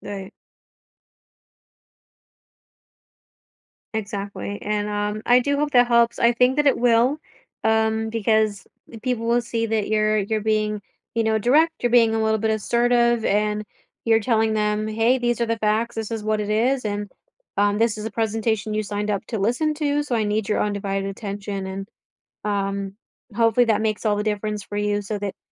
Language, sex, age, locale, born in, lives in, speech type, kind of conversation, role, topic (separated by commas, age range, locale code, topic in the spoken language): English, female, 30-34, en-US, United States, United States, conversation, advice, advisor, How can I speak up confidently in meetings?